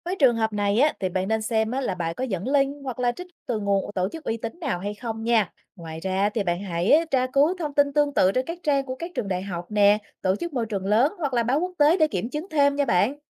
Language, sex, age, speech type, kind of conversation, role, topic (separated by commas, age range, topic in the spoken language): Vietnamese, female, 35-39, read, expert-assistant, expert, Khi ai đó chia sẻ trên mạng về tác động của con người lên hệ sinh thái, làm sao phân biệt đâu là sự thật, đâu là phóng đại?